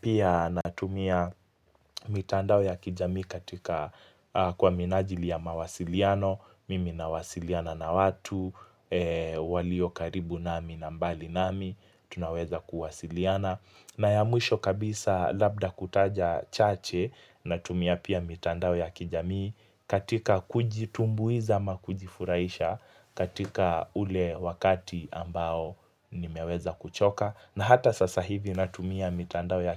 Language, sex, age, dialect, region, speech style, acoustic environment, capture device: Swahili, male, 18-24, Kenya - Mombasa, Kenya, relaxed; focused, nature, mobile / Linux / Chrome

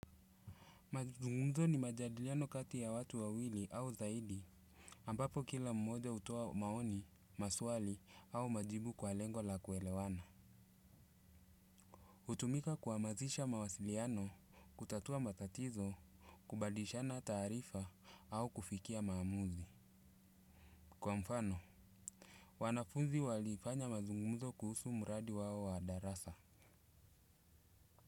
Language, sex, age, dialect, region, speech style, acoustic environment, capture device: Swahili, male, 35-44, Kenya - Mombasa, Kenya, relaxed, nature, desktop / Windows / Chrome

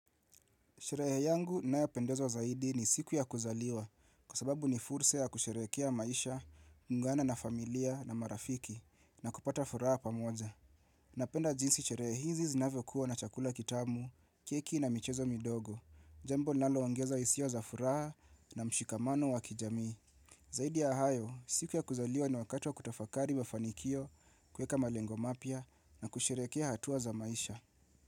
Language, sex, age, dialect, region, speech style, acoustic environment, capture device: Swahili, male, 25-34, Kenya - Nairobi Sheng-influenced, Kenya, relaxed; focused, people talking; music; silence; appliances, mobile / Linux / Chrome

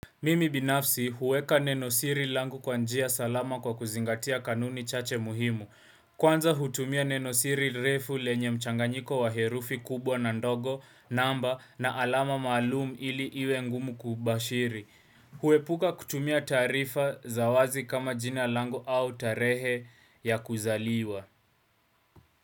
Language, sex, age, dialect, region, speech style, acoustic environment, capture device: Swahili, male, 18-24, Kenya - Nairobi Sheng-influenced, Kenya, relaxed, silence, desktop / Windows / Chrome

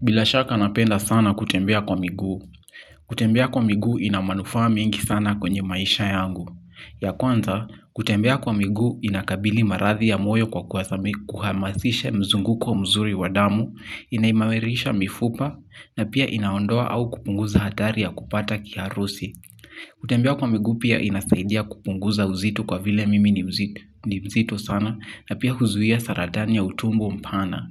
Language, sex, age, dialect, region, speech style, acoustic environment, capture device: Swahili, male, 18-24, Kenya - Kiambu, Kenya, relaxed, silence, mobile / Linux / Chrome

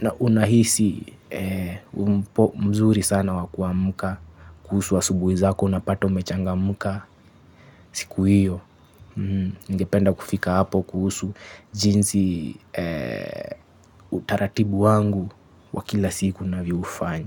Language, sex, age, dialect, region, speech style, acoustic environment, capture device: Swahili, male, 25-34, Kenya - Mombasa, Kenya, happy, construction, mobile / Linux / Chrome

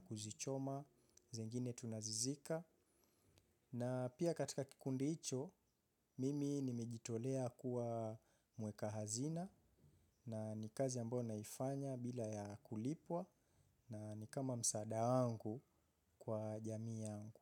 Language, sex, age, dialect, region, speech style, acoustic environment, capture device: Swahili, male, 25-34, Kenya - Mombasa, Kenya, focused; relaxed, nature, mobile / Linux / Chrome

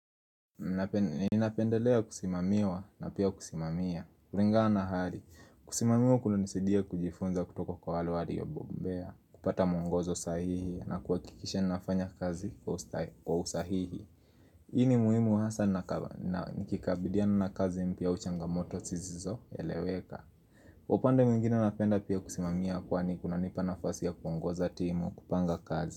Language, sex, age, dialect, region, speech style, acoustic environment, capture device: Swahili, male, 18-24, Kenya - Nairobi Sheng-influenced, Kenya, happy; nervous, people talking; music; nature, mobile / Linux / Chrome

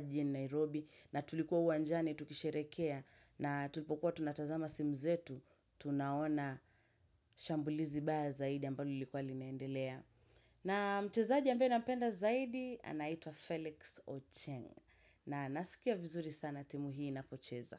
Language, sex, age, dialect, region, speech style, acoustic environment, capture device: Swahili, female, 25-34, Kenya - Mombasa, Kenya, relaxed, silence, mobile / Linux / Chrome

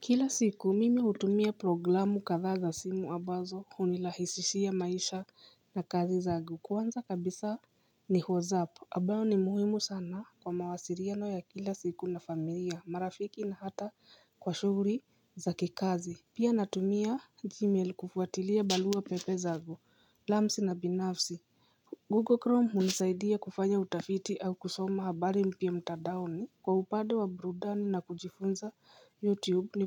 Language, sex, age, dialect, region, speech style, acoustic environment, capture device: Swahili, female, 35-44, Kenya - Nairobi Sheng-influenced, Kenya, nervous, silence, mobile / Linux / Chrome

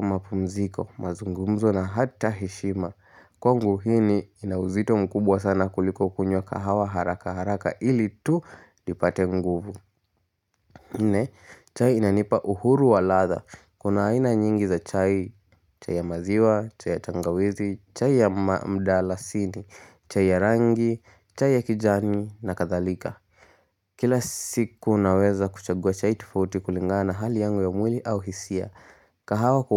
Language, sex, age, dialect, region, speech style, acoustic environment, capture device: Swahili, male, 18-24, Kenya - Nairobi Sheng-influenced, Kenya, happy, silence; nature, mobile / Linux / Chrome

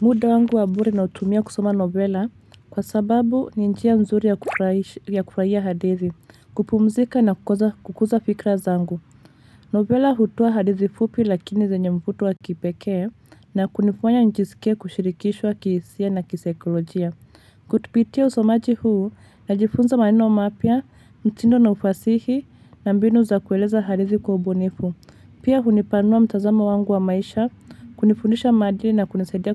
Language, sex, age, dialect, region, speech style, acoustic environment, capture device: Swahili, female, 25-34, Kenya - Nairobi Sheng-influenced, Kenya, relaxed, traffic, mobile / Linux / Chrome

